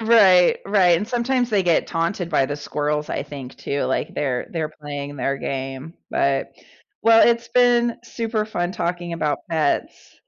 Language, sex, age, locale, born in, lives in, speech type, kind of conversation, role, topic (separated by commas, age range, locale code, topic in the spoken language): English, female, 45-49, en-US, United States, United States, conversation, unstructured, none, What is the funniest thing a pet has done around you?